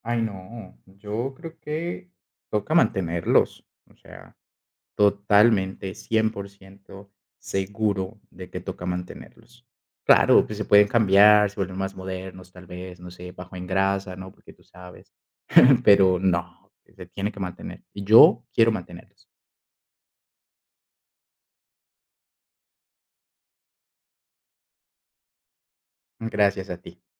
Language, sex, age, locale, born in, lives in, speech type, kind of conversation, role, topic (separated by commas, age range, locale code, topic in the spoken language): Spanish, male, 25-29, es-CO, Colombia, Netherlands, conversation, podcast, guest, ¿Qué sabores de tu infancia te llevan directo a casa?